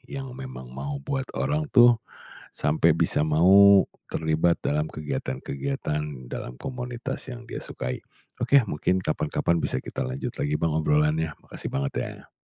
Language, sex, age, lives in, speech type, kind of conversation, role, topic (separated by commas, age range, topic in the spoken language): Indonesian, male, 40-44, Indonesia, conversation, podcast, host, Apa yang membuat orang mau terlibat dalam kegiatan komunitas?